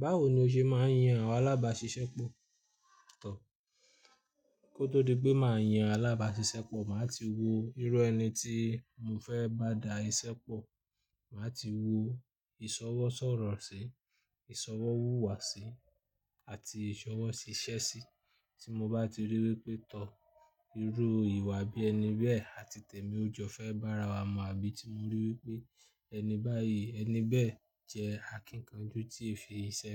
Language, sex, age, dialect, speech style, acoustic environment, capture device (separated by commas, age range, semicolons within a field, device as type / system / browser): Yoruba, male, 25-34, Nigeria - Ibadan, happy; anxious; nervous; excited, people talking; construction; traffic; music, desktop / Linux / Chrome